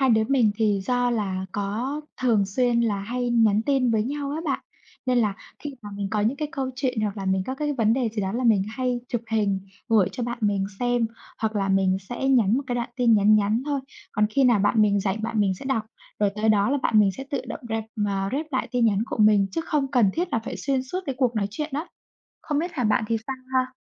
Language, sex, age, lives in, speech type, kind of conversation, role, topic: Vietnamese, female, 25-29, Vietnam, conversation, unstructured, none, Tại sao tình bạn lại quan trọng trong cuộc sống?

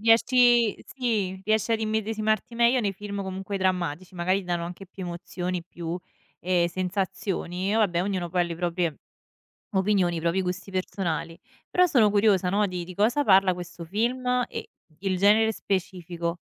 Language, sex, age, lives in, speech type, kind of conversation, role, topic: Italian, female, 25-29, Italy, conversation, podcast, host, Qual è il film che ti ha segnato di più e perché?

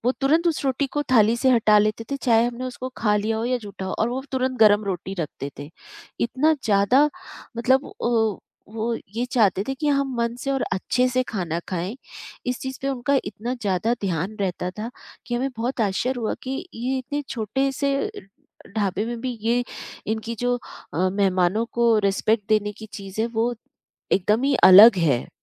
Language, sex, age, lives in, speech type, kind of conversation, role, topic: Hindi, female, 45-49, India, conversation, podcast, guest, Kisi culture ki mehmaan-nawazi ne tumhe kaise hairaan kiya?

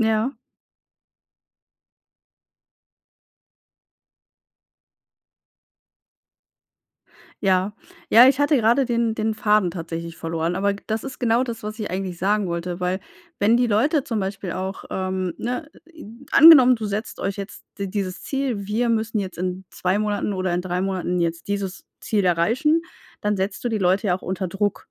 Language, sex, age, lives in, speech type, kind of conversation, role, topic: German, female, 35-39, Germany, conversation, advice, advisor, Wie baue ich ein gutes Team auf, führe es erfolgreich und skaliere es?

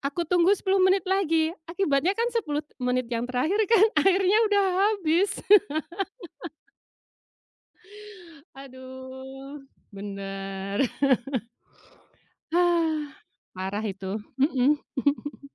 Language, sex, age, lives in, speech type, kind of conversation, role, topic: Indonesian, female, 45-49, Indonesia, conversation, podcast, guest, Kenapa kamu suka masak sendiri?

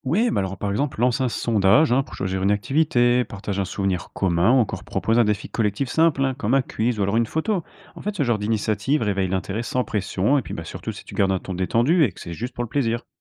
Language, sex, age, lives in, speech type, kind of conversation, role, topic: French, male, 25-29, France, read, expert-assistant, expert, Quels trucs aident à garder le lien avec ses amis quand on fait partie de plusieurs cercles sociaux ?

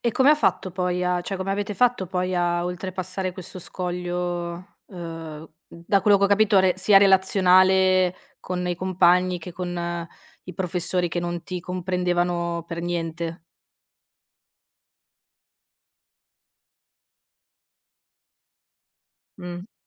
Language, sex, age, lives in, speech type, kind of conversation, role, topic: Italian, female, 30-34, Italy, conversation, podcast, host, Che caratteristiche cerchi in una guida o in un mentore?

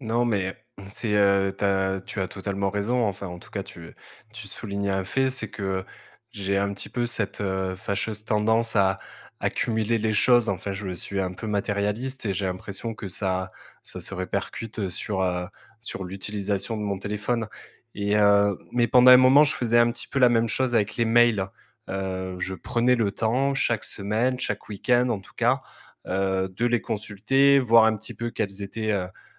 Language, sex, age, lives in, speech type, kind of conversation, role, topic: French, male, 30-34, France, conversation, advice, user, Comment gérer les distractions constantes du téléphone qui nuisent à ma productivité ?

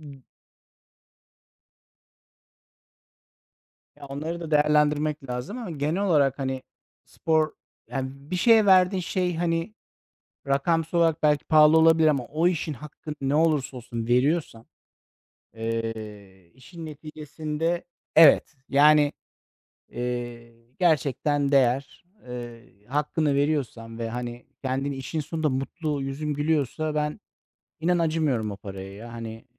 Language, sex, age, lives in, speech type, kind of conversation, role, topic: Turkish, male, 40-44, Netherlands, conversation, unstructured, none, Spor salonlarını pahalı bulanlar haklı mı?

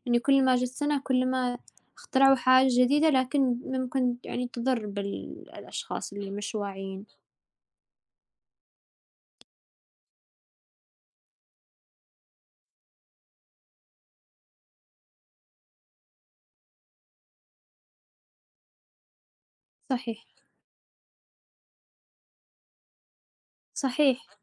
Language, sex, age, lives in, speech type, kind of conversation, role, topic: Arabic, female, 20-24, United States, conversation, unstructured, none, هل إنت شايف إن التكنولوجيا بتقرّب العيلة من بعض ولا بتبعّدها؟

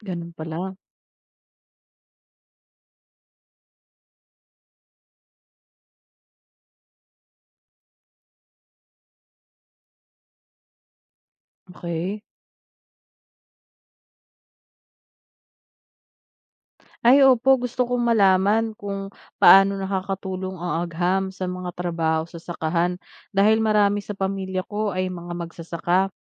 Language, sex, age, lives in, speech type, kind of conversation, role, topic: Filipino, female, 30-34, Philippines, read, expert-assistant, asker, Ano ang mga dahilan kung bakit mahalaga ang pag-aaral ng agham?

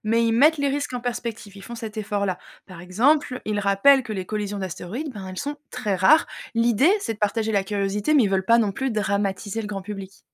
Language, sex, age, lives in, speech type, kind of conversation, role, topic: French, female, 30-34, France, read, expert-assistant, expert, Chercher à comprendre l’univers, ça nous apporte quoi concrètement et est-ce qu’il y a des inconvénients à toujours vouloir en savoir plus ?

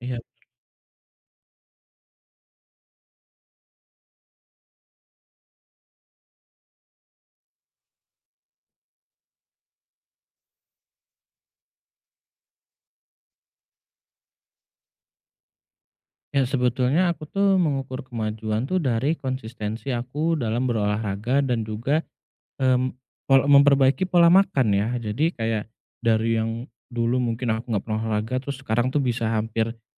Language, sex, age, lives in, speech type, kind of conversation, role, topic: Indonesian, male, 30-34, Indonesia, conversation, advice, user, Apa yang harus saya lakukan jika saya frustrasi karena tidak melihat kemajuan dari kebiasaan baru saya?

